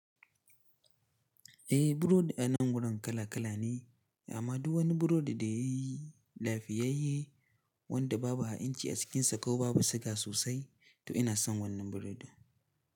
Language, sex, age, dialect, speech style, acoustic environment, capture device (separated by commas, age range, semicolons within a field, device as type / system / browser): Hausa, male, 18-24, Nigeria - Katsina, happy, nature; silence, mobile / Linux / Chrome